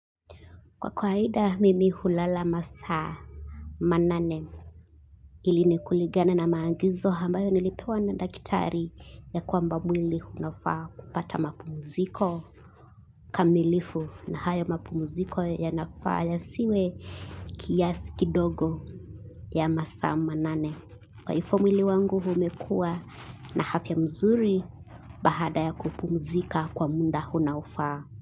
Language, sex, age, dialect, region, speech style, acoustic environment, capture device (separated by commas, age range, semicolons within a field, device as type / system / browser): Swahili, female, 25-34, Kenya - Mombasa, Kenya, relaxed, silence, mobile / Linux / Chrome